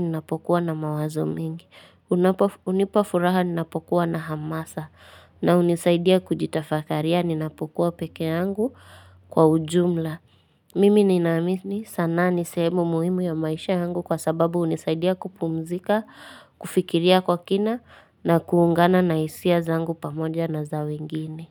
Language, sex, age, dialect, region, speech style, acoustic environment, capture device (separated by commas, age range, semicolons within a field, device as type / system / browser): Swahili, female, 18-24, Kenya - Nairobi Sheng-influenced, Kenya, relaxed, silence, mobile / Linux / Chrome